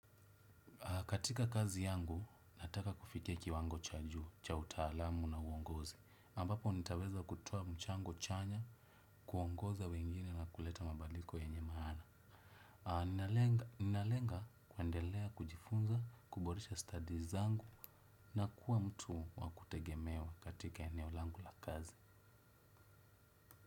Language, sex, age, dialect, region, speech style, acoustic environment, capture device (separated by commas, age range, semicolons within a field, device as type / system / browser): Swahili, male, 25-34, Kenya - Nairobi Sheng-influenced, Kenya, relaxed, silence, desktop / Windows / Chrome